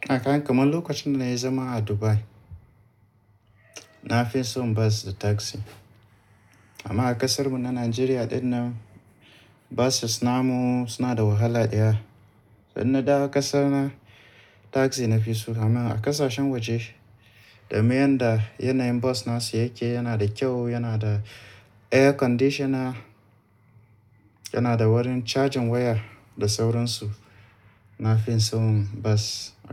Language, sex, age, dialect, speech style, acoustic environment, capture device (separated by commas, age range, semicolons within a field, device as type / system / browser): Hausa, male, 35-44, Nigeria - Kano, relaxed, appliances, mobile / Linux / Chrome